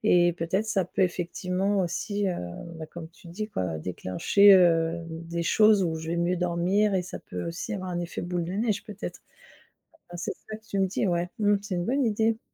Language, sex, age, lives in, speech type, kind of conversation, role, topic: French, female, 50-54, France, conversation, advice, user, Comment te sens-tu lorsque ton corps ou ton apparence te décourage ?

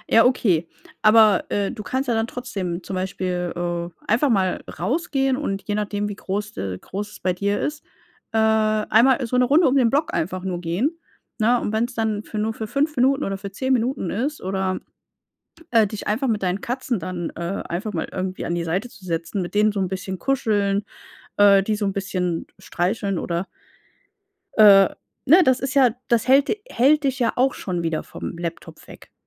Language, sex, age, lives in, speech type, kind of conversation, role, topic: German, female, 35-39, Germany, conversation, advice, advisor, Wie plane ich regelmäßig Pausen ein, damit ich mich gut erholen kann?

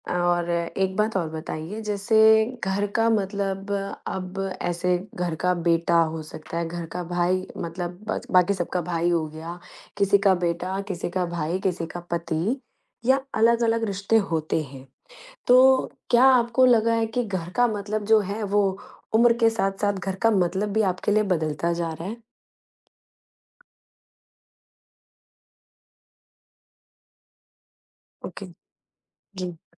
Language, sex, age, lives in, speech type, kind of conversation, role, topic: Hindi, female, 35-39, India, conversation, podcast, host, आपके लिए घर का मतलब क्या होता है?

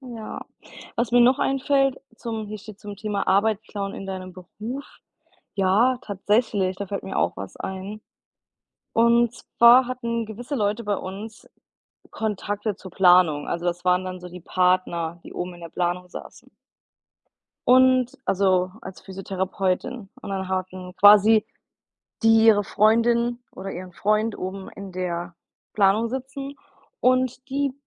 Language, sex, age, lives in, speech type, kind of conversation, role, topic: German, female, 25-29, United States, conversation, unstructured, none, Hast du schon einmal erlebt, dass jemand deine Arbeit gestohlen hat?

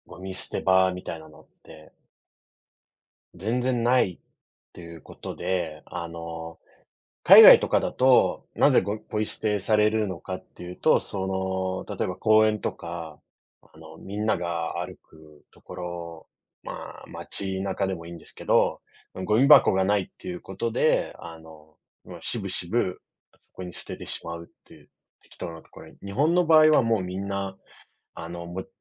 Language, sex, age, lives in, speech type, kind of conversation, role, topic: Japanese, male, 30-34, Japan, conversation, unstructured, none, ごみのポイ捨てはなぜなくならないのでしょうか？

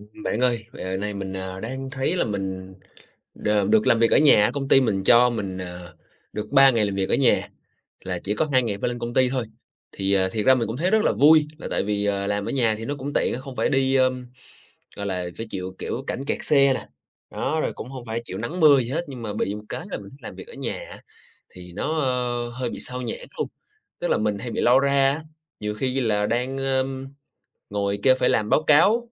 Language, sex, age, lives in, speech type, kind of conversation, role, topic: Vietnamese, male, 25-29, Vietnam, conversation, advice, user, Bạn thường bị xao nhãng bởi những điều gì khi làm việc tại nhà?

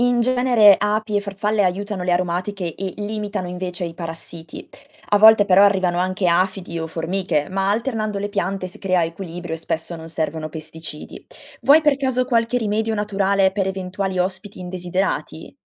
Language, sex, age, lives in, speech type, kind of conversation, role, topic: Italian, female, 20-24, Italy, read, expert-assistant, expert, Quali piccoli cambiamenti pratici posso adottare per rendere il mio orto o giardino più sostenibile?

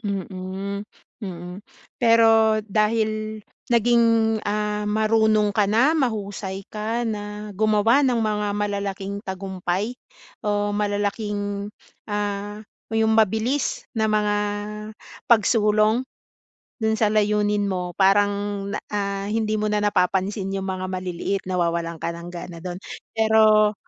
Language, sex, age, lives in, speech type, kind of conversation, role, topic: Filipino, female, 40-44, Philippines, conversation, advice, advisor, Paano ko mapapanatili ang motibasyon kapag mabagal ang pag-usad ko patungo sa layunin?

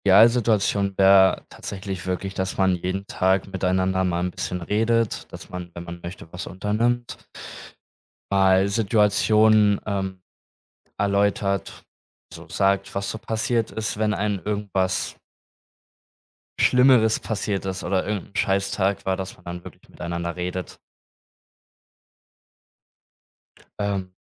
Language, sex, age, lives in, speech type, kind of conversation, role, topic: German, male, 20-24, Germany, conversation, podcast, guest, Was bedeutet Vertrauen in einer Beziehung für dich?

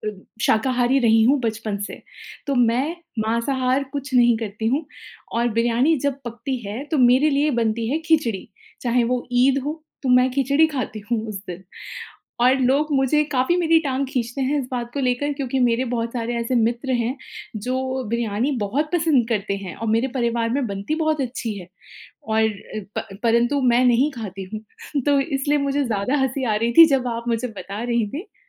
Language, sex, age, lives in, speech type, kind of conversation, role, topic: Hindi, female, 35-39, India, conversation, podcast, host, आपका सबसे पसंदीदा घर का बना खाना कौन सा है?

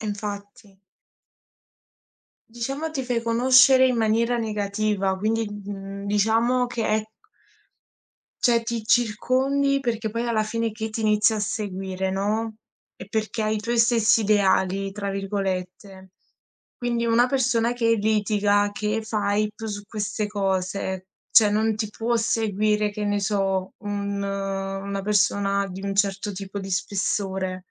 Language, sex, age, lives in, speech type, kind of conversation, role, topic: Italian, female, 20-24, Italy, conversation, unstructured, none, Pensi che i reality show siano dannosi per la società?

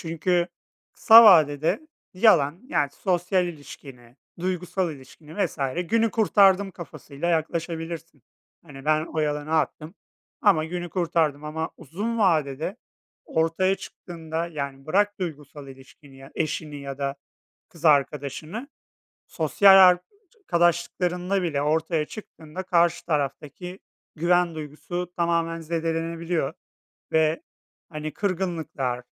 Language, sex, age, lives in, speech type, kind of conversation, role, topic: Turkish, male, 30-34, Poland, conversation, unstructured, none, İnsanlar her zaman doğruyu söylemeli mi?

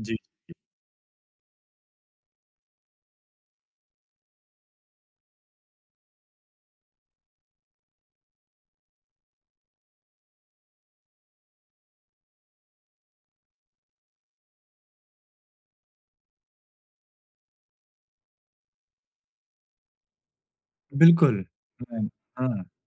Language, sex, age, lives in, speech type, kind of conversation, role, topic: Hindi, male, 25-29, India, conversation, podcast, host, South Asian families mein pyaar dikhane ke cultural norms kaise alag hote hain?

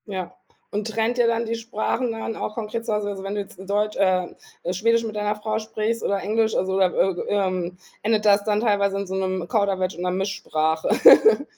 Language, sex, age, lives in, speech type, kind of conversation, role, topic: German, female, 40-44, Germany, conversation, podcast, host, Wie bringst du deinen Kindern deine Muttersprache bei?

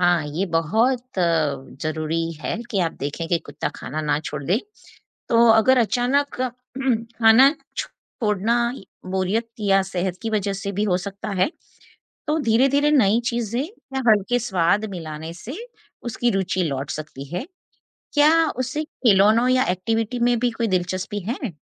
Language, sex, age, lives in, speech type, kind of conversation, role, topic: Hindi, female, 50-54, United States, read, expert-assistant, expert, अगर आपके पास सीमित समय और संसाधन हों, तो पालतू जानवरों की देखभाल में किन बातों को प्राथमिकता देनी चाहिए: पोषण, व्यायाम, या मानसिक उत्तेजना?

